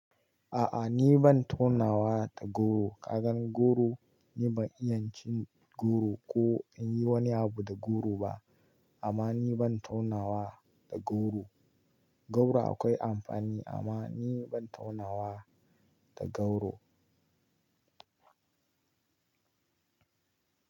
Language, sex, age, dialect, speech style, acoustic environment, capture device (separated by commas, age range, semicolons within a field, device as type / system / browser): Hausa, male, 18-24, Nigeria - Kano, relaxed; excited, nature, mobile / Linux / Chrome